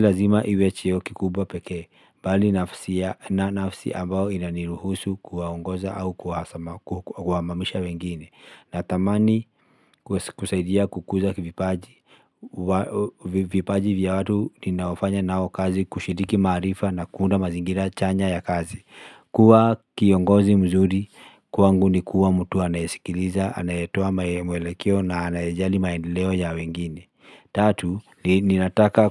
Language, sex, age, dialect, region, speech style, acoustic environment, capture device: Swahili, male, 35-44, Kenya - Nairobi Sheng-influenced, Kenya, happy, silence, mobile / Linux / Chrome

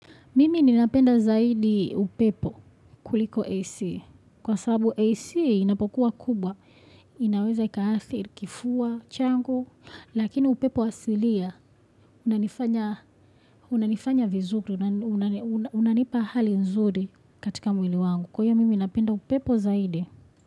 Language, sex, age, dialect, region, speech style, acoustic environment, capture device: Swahili, female, 25-34, Tanzania - Dar es Salaam, Tanzania, happy, people talking; nature, desktop / Windows / Chrome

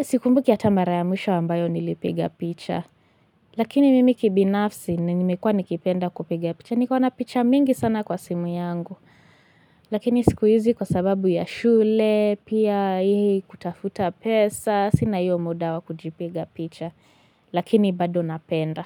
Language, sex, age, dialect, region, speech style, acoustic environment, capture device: Swahili, female, 18-24, Kenya - Mombasa, Kenya, relaxed, silence, desktop / Linux / Chrome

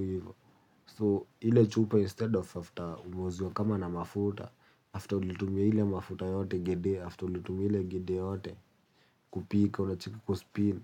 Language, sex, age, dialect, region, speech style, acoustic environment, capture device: Swahili, male, 18-24, Kenya - Nairobi Sheng-influenced, Kenya, happy, silence, mobile / Linux / Chrome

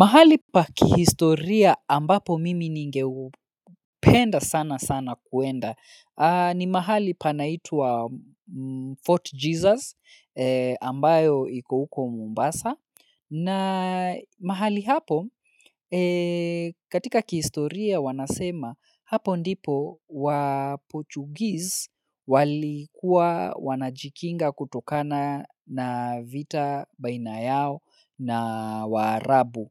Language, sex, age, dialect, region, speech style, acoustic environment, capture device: Swahili, male, 25-34, Kenya - Nairobi Sheng-influenced, Kenya, focused; relaxed, silence, mobile / Linux / Chrome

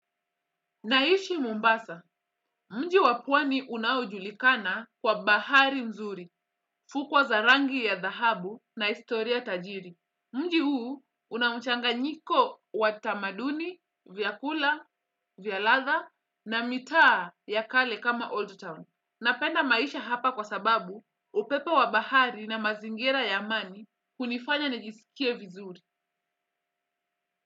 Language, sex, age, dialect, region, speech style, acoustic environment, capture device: Swahili, female, 25-34, Kenya - Mombasa, Kenya, relaxed, silence, desktop / Windows / Chrome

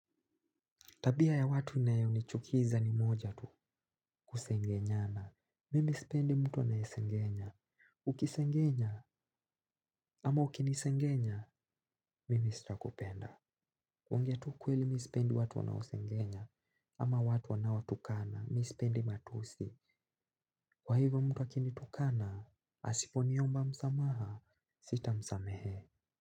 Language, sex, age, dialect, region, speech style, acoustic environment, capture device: Swahili, male, 18-24, Kenya - Nairobi Sheng-influenced, Kenya, relaxed, silence, mobile / Linux / Chrome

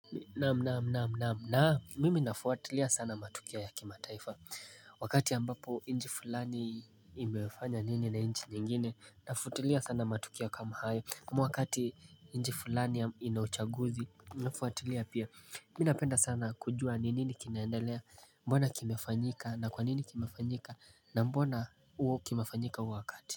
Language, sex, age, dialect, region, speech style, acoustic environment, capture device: Swahili, male, 18-24, Kenya - Mombasa, Kenya, happy, silence, mobile / Linux / Chrome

